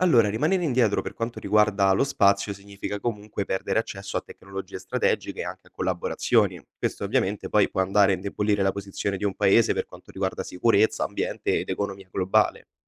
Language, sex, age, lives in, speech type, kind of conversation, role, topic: Italian, male, 20-24, Italy, read, expert-assistant, expert, Perché alcune società investono molto nell’esplorazione dello spazio, mentre altre mostrano scarso interesse o addirittura opposizione?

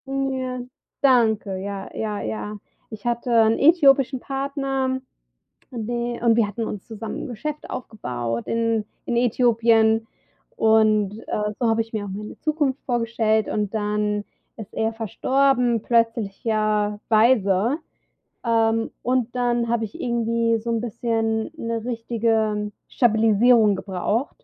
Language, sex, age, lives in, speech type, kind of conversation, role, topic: German, female, 35-39, United States, conversation, advice, user, Wie kann ich damit umgehen, dass ich nach dem Umzug meine vertrauten Routinen verloren habe?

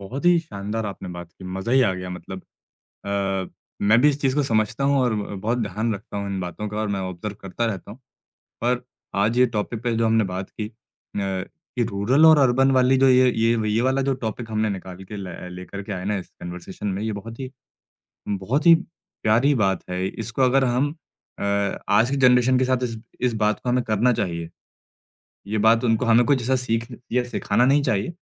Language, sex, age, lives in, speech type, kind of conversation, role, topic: Hindi, male, 25-29, India, conversation, podcast, host, South Asian families mein pyaar dikhane ke cultural norms kaise alag hote hain?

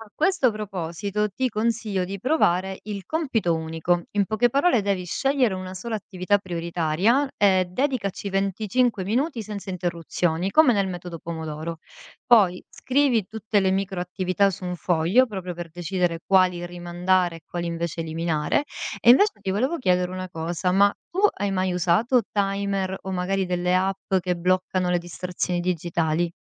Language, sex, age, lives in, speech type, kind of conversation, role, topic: Italian, female, 35-39, Italy, read, expert-assistant, expert, Come si può mantenere la concentrazione lavorando da casa?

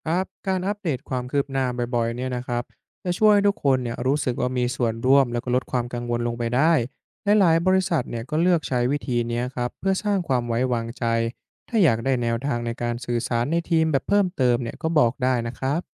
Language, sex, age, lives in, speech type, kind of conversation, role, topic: Thai, male, 20-24, Thailand, read, expert-assistant, expert, ถ้าบริษัทตัดสินใจลดเวลาทำงานเหลือแค่ 4 วันต่อสัปดาห์ จะส่งผลต่อการทำงานและความสุขของพนักงานอย่างไร?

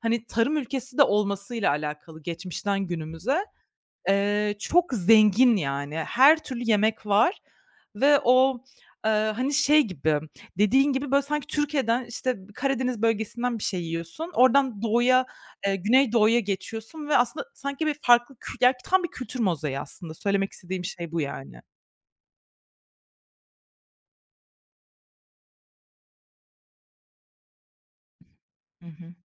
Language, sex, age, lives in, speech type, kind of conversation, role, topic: Turkish, female, 25-29, Germany, conversation, unstructured, none, Şimdiye kadar yaşadığın en unutulmaz yemek deneyimi hangisiydi?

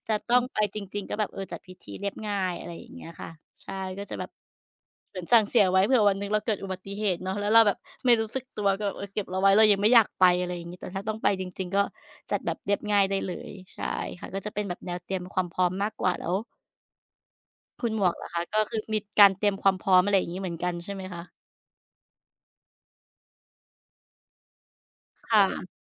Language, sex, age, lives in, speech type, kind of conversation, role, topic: Thai, female, 35-39, Thailand, conversation, unstructured, none, คุณเคยรู้สึกกลัวความตายไหม และทำไมถึงรู้สึกแบบนั้น?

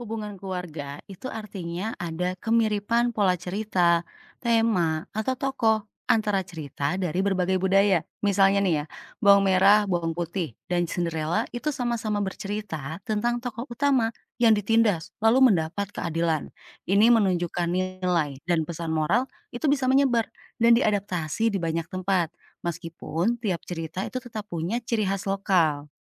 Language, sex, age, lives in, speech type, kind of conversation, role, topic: Indonesian, female, 35-39, Indonesia, read, expert-assistant, expert, Apa maksudnya kalau dikatakan ada 'hubungan keluarga' antara cerita rakyat dari berbagai negara?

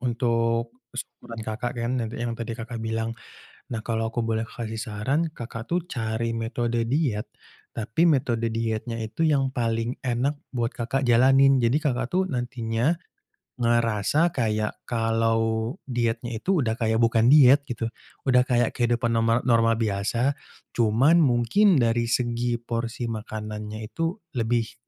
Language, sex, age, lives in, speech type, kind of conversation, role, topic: Indonesian, male, 25-29, Indonesia, conversation, advice, advisor, Bagaimana nafsu makan Anda berubah setelah suasana hati memburuk atau tidur Anda terganggu?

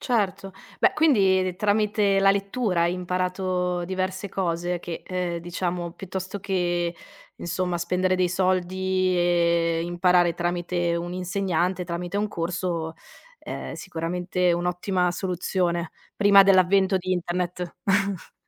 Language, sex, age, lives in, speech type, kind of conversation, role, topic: Italian, female, 30-34, Italy, conversation, podcast, host, Come si può imparare bene spendendo poco?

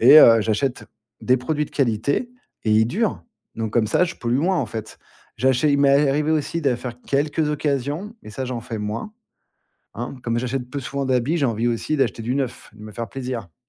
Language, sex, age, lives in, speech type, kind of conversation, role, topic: French, male, 40-44, France, conversation, podcast, guest, Quels petits gestes écologiques fais-tu sans te prendre la tête ?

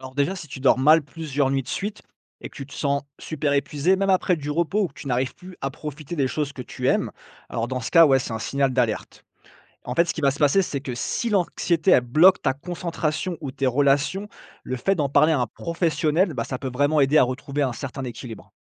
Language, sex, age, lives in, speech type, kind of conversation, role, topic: French, male, 35-39, France, read, expert-assistant, expert, Comment repérer et gérer le stress avant qu’il ne devienne envahissant ?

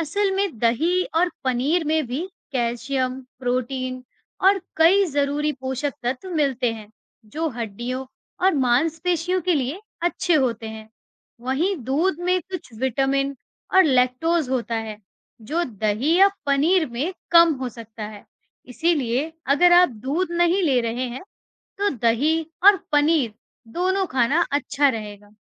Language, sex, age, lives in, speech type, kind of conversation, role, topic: Hindi, female, 40-44, India, read, expert-assistant, expert, दूध पीने के फायदे और नुकसान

